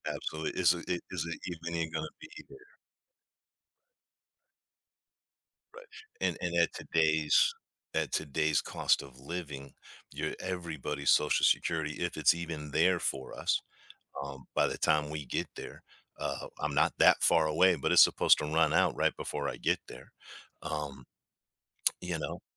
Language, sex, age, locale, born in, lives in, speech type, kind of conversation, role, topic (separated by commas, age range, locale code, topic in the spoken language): English, male, 55-59, en-US, United States, United States, conversation, podcast, guest, What are the most important lessons to remember when starting something new?